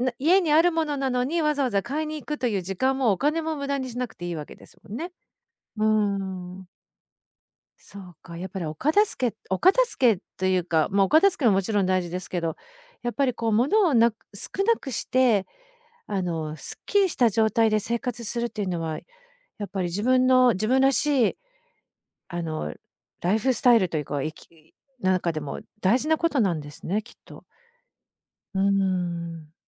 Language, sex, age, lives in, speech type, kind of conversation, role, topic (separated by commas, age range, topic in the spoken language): Japanese, female, 50-54, Japan, conversation, podcast, host, 自分らしいスタイルを見つけるために、最初に何から始めればいいですか？